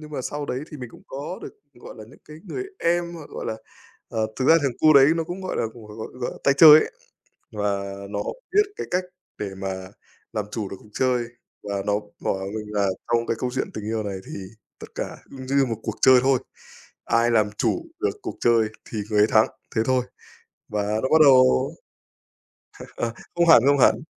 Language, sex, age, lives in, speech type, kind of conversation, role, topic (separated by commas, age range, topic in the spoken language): Vietnamese, male, 25-29, Vietnam, conversation, unstructured, none, Kỷ niệm nào trong cuộc sống đã thay đổi bạn nhiều nhất?